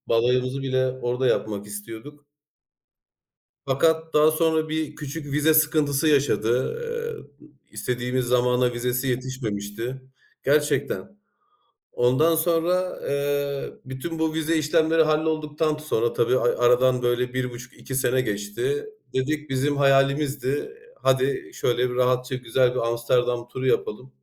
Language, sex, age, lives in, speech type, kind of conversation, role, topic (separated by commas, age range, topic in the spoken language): Turkish, male, 35-39, Germany, conversation, unstructured, none, Hangi seyahat deneyimi seni en çok hayal kırıklığına uğrattı?